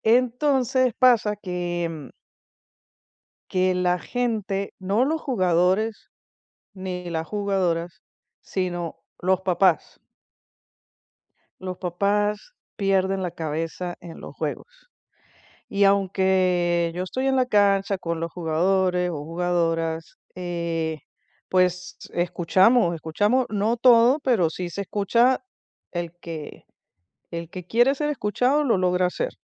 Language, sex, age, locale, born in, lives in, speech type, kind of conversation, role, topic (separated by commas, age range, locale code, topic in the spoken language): Spanish, female, 50-54, es-VE, Venezuela, United States, conversation, advice, user, ¿Cómo puedo mantener la calma ante comentarios negativos sin reaccionar impulsivamente?